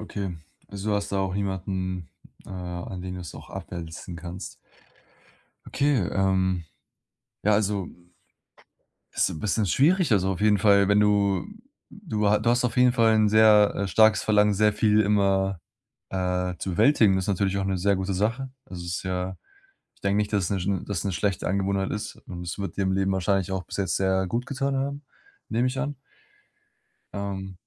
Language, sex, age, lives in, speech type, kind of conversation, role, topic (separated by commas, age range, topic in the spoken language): German, male, 20-24, Germany, conversation, advice, advisor, Wie führen unrealistische Zeitpläne zu Frustration?